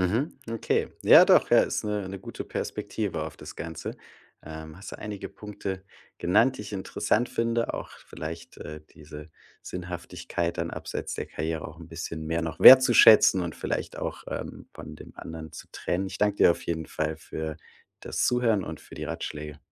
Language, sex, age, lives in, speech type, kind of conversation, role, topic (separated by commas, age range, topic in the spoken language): German, male, 35-39, Germany, conversation, advice, user, Wie finde ich Sinn jenseits meiner Karriere und wer bin ich ohne meinen Beruf?